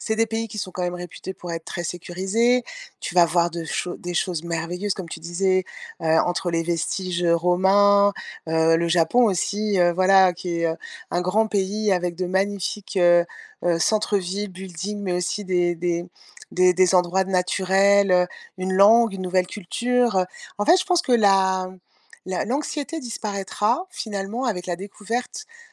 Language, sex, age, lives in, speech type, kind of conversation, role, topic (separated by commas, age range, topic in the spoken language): French, female, 50-54, France, conversation, advice, advisor, Comment puis-je explorer de nouveaux lieux malgré mon anxiété ?